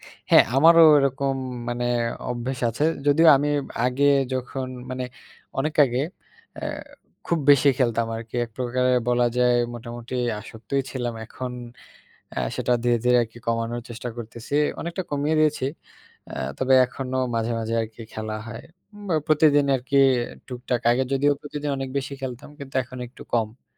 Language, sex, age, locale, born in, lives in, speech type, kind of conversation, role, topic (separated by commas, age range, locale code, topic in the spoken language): Bengali, male, 20-24, bn-BD, Bangladesh, Bangladesh, conversation, unstructured, none, ভিডিও গেম কি আমাদের দৈনন্দিন মানসিক চাপ কমাতে সাহায্য করে?